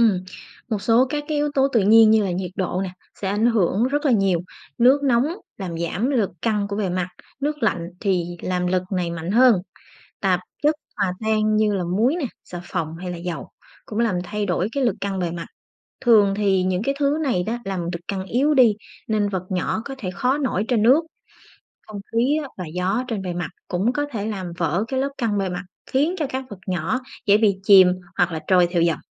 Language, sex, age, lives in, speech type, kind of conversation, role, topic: Vietnamese, female, 30-34, Vietnam, read, expert-assistant, expert, Vì sao khi ta thả một chiếc kim lên mặt nước, kim có thể nổi?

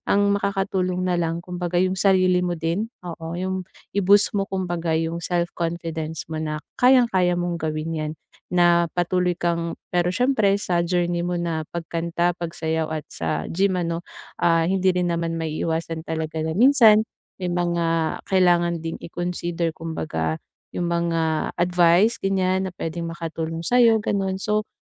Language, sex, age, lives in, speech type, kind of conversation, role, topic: Filipino, female, 25-29, Philippines, conversation, advice, advisor, Paano ko makikilala at mapapahalagahan ang sarili kong kakayahan at halaga?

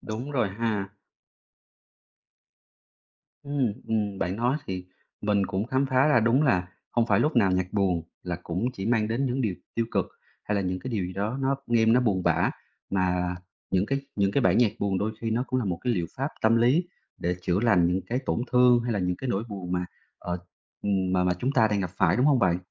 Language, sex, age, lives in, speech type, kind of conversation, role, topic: Vietnamese, male, 30-34, Vietnam, conversation, podcast, host, Bạn chọn danh sách phát cho những ngày buồn như thế nào?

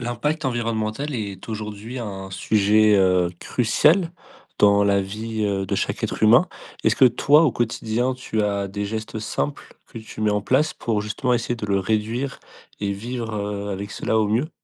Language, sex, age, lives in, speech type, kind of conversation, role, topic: French, male, 20-24, France, conversation, podcast, host, Comment simplifier sa vie pour réduire son impact environnemental ?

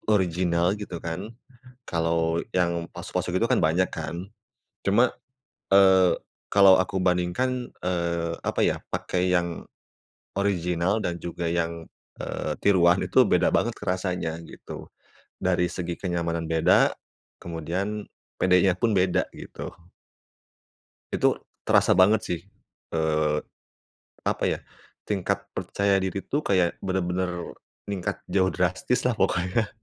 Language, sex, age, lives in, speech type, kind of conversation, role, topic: Indonesian, male, 30-34, Indonesia, conversation, podcast, guest, Pernahkah kamu memakai sesuatu yang membuat rasa percaya dirimu terasa berbeda?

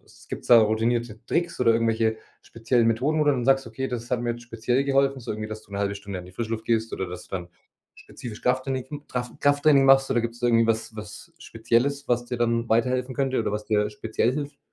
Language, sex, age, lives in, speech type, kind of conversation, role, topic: German, male, 30-34, Germany, conversation, podcast, host, Wie motivierst du dich nach einem Rückschlag wieder?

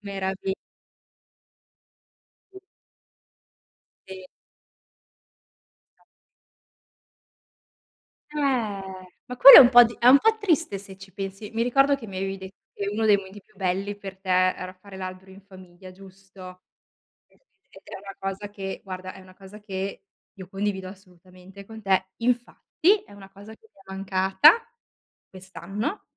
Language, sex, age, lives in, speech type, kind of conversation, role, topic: Italian, female, 30-34, Italy, conversation, unstructured, none, Quale festa religiosa ti dà più gioia?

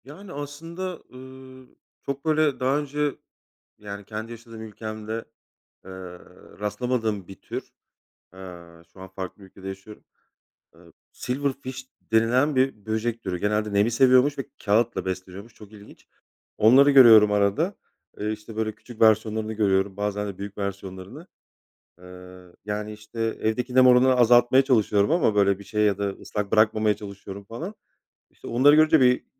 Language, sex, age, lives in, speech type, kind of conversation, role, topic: Turkish, male, 35-39, Poland, conversation, unstructured, none, Evde böcek ya da haşere görürsen ne yaparsın?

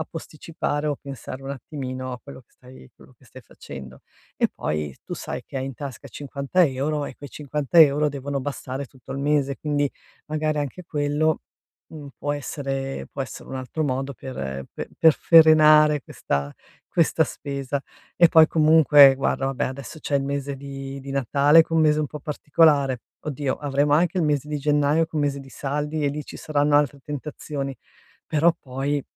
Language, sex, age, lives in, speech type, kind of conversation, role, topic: Italian, female, 55-59, Italy, conversation, advice, advisor, Come posso evitare di spendere troppo quando sono stressato?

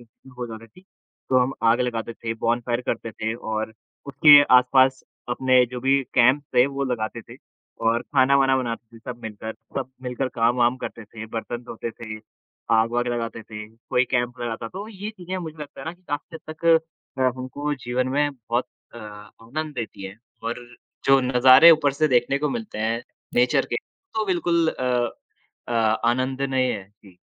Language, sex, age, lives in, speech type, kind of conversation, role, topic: Hindi, male, 20-24, India, conversation, unstructured, none, आपको कौन-सी प्राकृतिक जगह सबसे अधिक पसंद है?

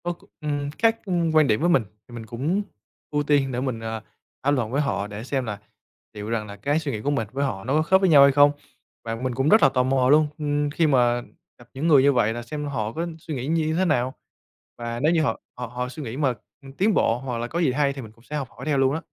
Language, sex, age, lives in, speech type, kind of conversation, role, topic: Vietnamese, male, 25-29, Vietnam, conversation, podcast, guest, Làm sao để giữ được sự tò mò khi học lâu dài?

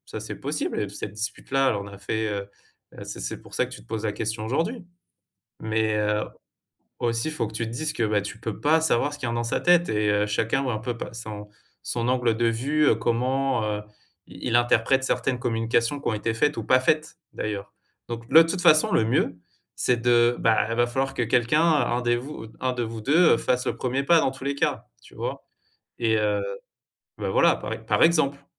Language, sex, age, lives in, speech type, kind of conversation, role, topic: French, male, 35-39, France, conversation, advice, advisor, Pourquoi mon ami est-il devenu distant après une dispute ?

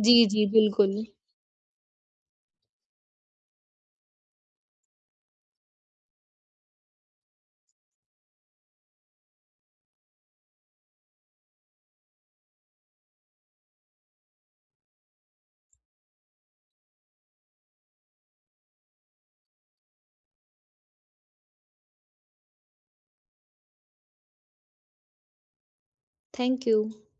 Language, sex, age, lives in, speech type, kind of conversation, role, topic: Hindi, female, 20-24, India, conversation, podcast, guest, Career change ke baad tumhari apni chhavi kaise badli?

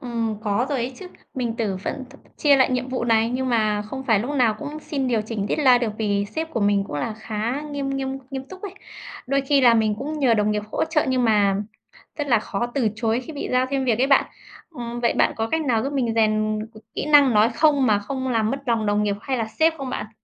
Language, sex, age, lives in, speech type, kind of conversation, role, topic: Vietnamese, female, 30-34, Vietnam, read, expert-assistant, asker, Làm thế nào để xác định mục tiêu vừa thực tế vừa truyền cảm hứng cho bản thân?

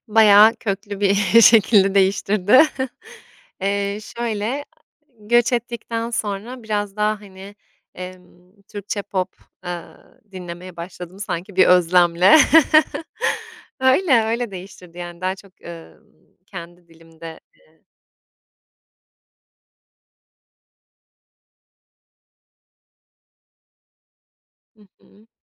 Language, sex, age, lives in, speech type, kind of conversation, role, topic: Turkish, female, 25-29, Italy, conversation, podcast, guest, Taşınmak veya göç etmek müzik zevkini nasıl değiştirdi?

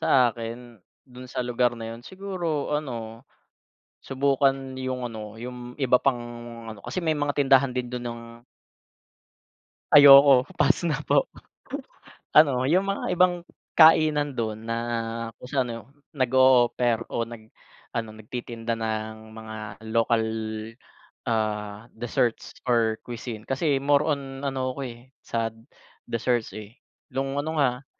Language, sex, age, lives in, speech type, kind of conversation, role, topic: Filipino, male, 30-34, Philippines, conversation, unstructured, none, Ano ang pinakanakakatuwang lugar na napuntahan mo?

